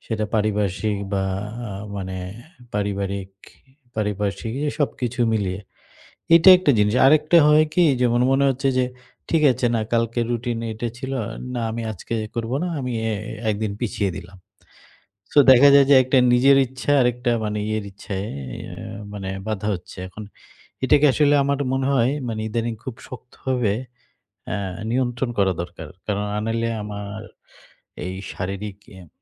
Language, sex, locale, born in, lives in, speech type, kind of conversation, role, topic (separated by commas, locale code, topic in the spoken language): Bengali, male, bn-BD, Bangladesh, Bangladesh, conversation, advice, user, ব্যায়াম রুটিন শুরু করতে ইচ্ছা থাকলেও আপনি কোন বাধাগুলোর কারণে তা শুরু করতে পারছেন না?